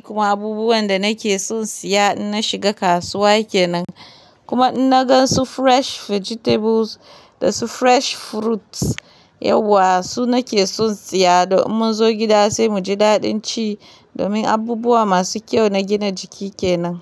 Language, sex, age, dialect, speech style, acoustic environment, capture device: Hausa, female, 25-34, Nigeria - Kano, happy; relaxed; focused, silence, mobile / Linux / Chrome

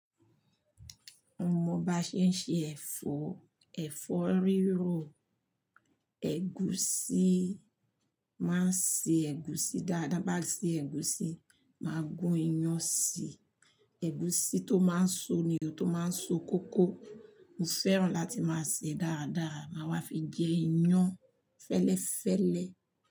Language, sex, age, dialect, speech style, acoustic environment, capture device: Yoruba, female, 45-54, Nigeria - Lagos Yoruba, happy, silence, mobile / Linux / Chrome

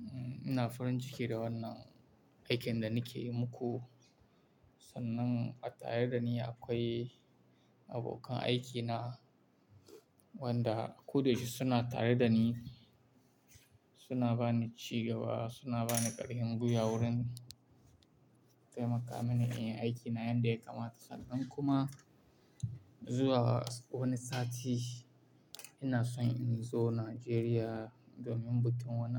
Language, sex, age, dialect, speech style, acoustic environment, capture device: Hausa, male, 18-24, Nigeria - Kano, focused, silence, mobile / Linux / Chrome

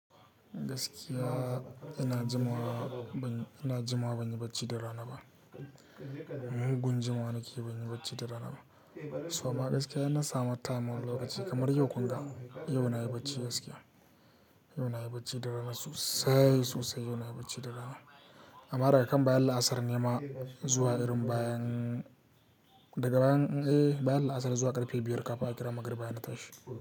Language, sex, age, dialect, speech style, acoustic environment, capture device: Hausa, male, 18-24, Nigeria - Katsina, happy, silence, mobile / Linux / Chrome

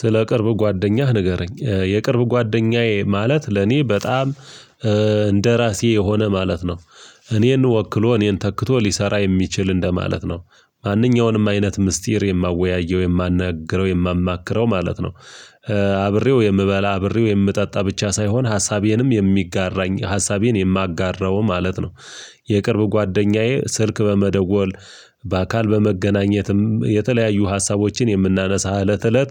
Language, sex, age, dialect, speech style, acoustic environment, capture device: Amharic, male, 35-44, Ethiopia - Gojjam, focused, silence, mobile / Linux / Chrome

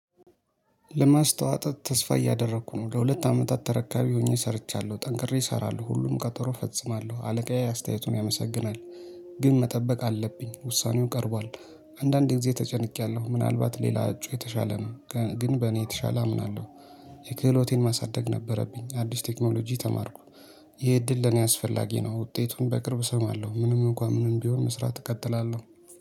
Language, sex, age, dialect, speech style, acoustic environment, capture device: Amharic, male, 25-34, Ethiopia - Addis Ababa, happy, people talking, mobile / Linux / Chrome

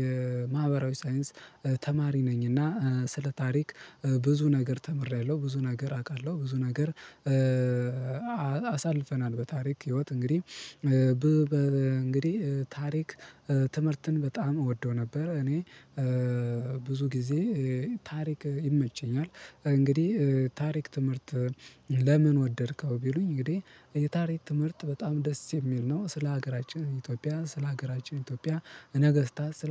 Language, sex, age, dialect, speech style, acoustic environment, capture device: Amharic, male, 18-24, Ethiopia - Gondar, happy, people talking, mobile / Linux / Chrome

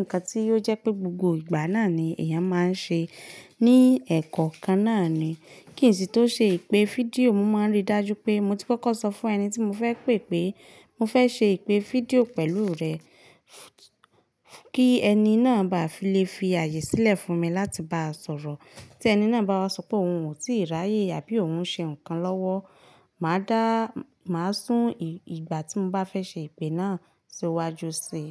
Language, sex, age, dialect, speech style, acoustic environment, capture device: Yoruba, female, 25-34, Nigeria - Ibadan, relaxed, silence, mobile / Linux / Chrome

